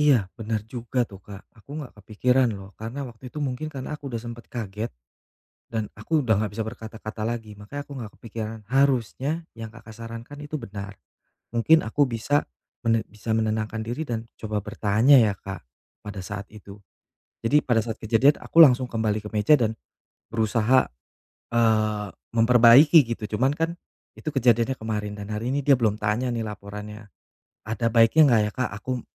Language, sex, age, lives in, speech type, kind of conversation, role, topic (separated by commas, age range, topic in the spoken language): Indonesian, male, 35-39, Indonesia, conversation, advice, user, Bagaimana kamu menjelaskan kritik tajam dari atasan terhadap pekerjaan yang sudah kamu kerjakan?